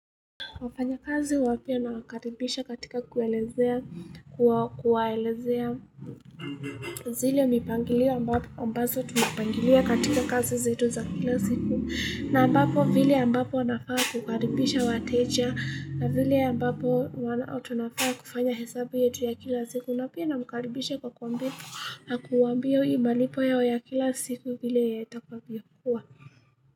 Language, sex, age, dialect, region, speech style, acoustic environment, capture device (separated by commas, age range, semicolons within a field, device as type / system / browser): Swahili, female, 18-24, Kenya - Nairobi Sheng-influenced, Kenya, happy, people talking, mobile / Linux / Chrome